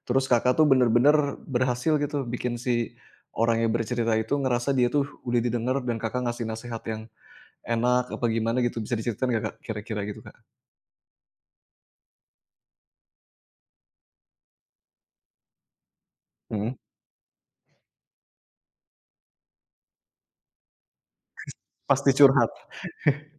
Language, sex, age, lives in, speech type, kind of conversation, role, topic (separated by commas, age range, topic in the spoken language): Indonesian, male, 35-39, Indonesia, conversation, podcast, host, Bagaimana cara kamu memastikan orang lain merasa benar-benar didengar melalui kata-kata?